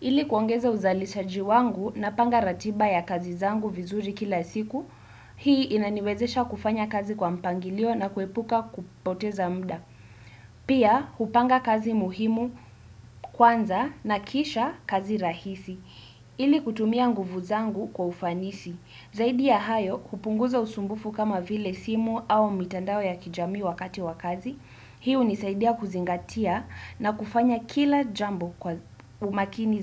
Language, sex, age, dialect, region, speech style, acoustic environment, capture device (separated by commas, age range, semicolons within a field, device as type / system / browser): Swahili, female, 18-24, Kenya - Nairobi Sheng-influenced, Kenya, relaxed; focused, silence, desktop / Windows / Chrome